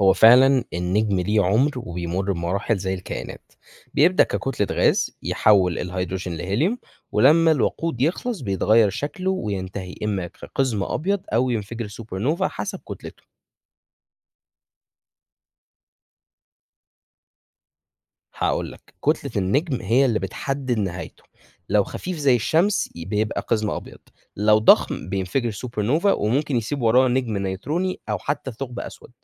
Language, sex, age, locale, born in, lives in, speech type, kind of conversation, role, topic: Arabic, male, 20-24, ar-EG, Egypt, Egypt, read, expert-assistant, expert, يعني إيه النجم بيعيش ويموت؟ ممكن تشرحلي دورة حياة النجم بشكل بسيط؟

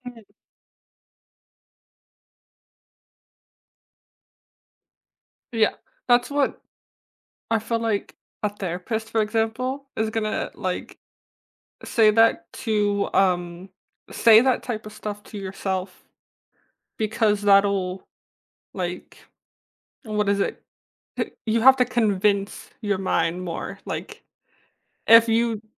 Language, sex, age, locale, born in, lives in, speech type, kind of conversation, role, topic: English, female, 20-24, en-US, United States, United States, conversation, podcast, host, What strategies help you stay resilient and balanced during challenging times at work?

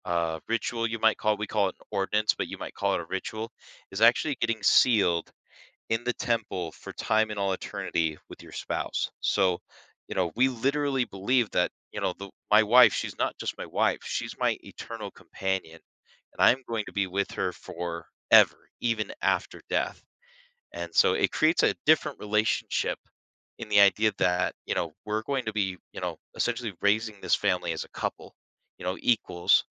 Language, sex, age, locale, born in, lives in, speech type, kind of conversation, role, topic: English, male, 30-34, en-US, United States, United States, conversation, podcast, guest, How does religion shape our values and communities in the modern world?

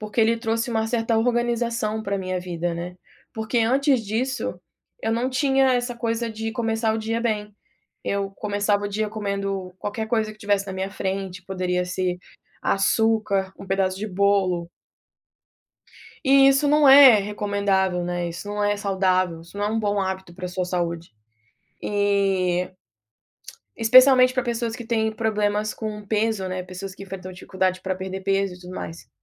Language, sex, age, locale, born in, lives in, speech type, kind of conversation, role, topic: Portuguese, female, 25-29, pt-BR, Brazil, United States, conversation, podcast, guest, Qual é a sua rotina matinal para se sentir bem o dia todo?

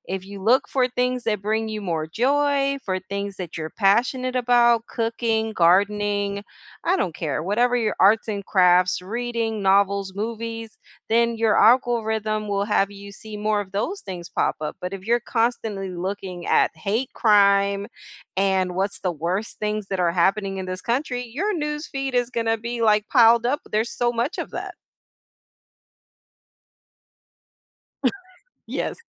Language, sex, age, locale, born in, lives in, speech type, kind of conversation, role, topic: English, female, 40-44, en-US, United States, United States, conversation, unstructured, none, What does balance look like for you?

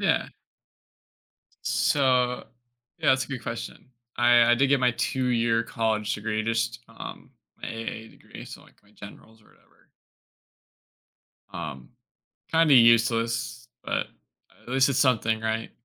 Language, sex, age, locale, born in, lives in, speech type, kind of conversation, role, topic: English, male, 25-29, en-US, United States, United States, conversation, podcast, guest, What achievement in your career has had the biggest impact on you?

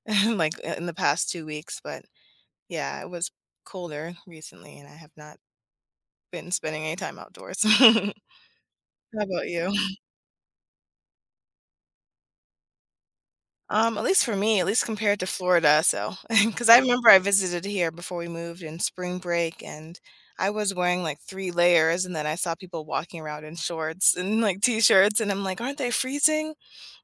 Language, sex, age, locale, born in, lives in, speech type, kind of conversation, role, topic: English, female, 30-34, en-US, United States, United States, conversation, unstructured, none, Which do you prefer, summer or winter, and why?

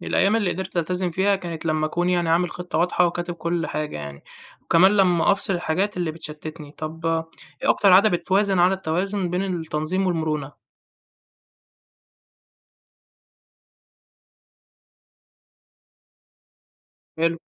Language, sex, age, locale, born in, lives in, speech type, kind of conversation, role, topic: Arabic, male, 20-24, ar-EG, Egypt, Egypt, read, expert-assistant, asker, إزاي ممكن أطبق فكرة توزيع السلطات في نظام الحكم على تنظيم وقتي أو شغلي؟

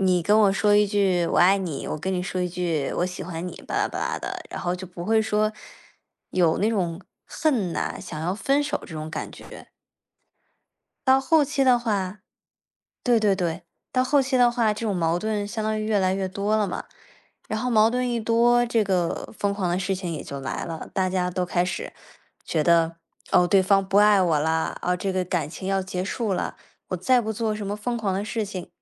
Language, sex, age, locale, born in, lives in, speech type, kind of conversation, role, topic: Chinese, female, 20-24, zh-CN, China, United States, conversation, unstructured, none, 你有没有因为恋爱做过什么疯狂的事？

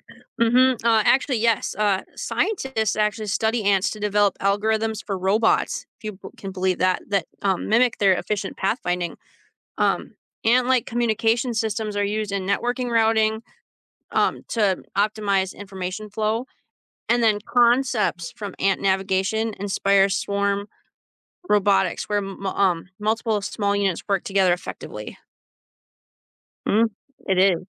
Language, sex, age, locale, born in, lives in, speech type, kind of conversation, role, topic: English, female, 35-39, en-US, United States, United States, read, expert-assistant, expert, How do ants find their way back home?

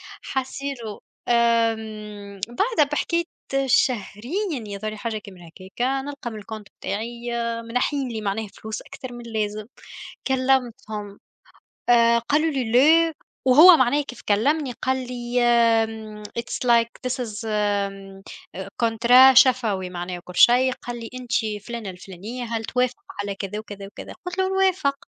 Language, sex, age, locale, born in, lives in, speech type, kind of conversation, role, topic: Arabic, female, 25-29, ar-TN, Tunisia, Germany, conversation, unstructured, none, هل إنت شايف إن المغامرة تستاهل المخاطرة؟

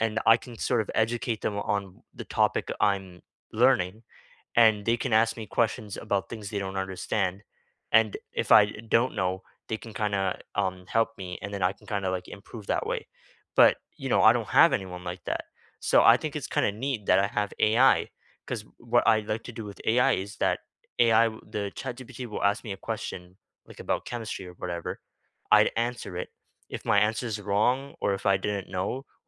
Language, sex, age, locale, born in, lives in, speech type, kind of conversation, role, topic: English, male, 18-19, en-CA, Canada, Canada, conversation, unstructured, none, What everyday tools or small hacks actually save you time and make life easier?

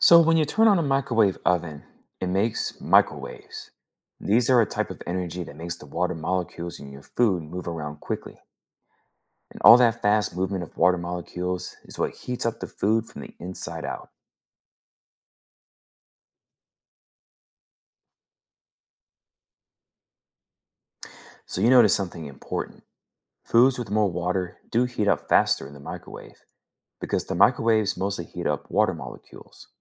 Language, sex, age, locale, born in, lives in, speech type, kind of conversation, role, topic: English, male, 30-34, en-US, United States, United States, read, expert-assistant, expert, How does a microwave oven heat food?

